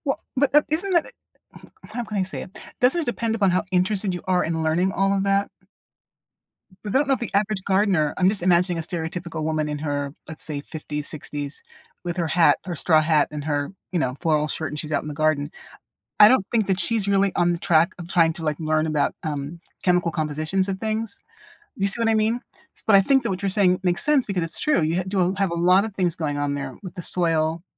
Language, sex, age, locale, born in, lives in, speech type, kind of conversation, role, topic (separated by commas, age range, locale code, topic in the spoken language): English, female, 60-64, en-US, United States, United States, conversation, podcast, host, What have you learned about your hobby that most people wouldn’t expect?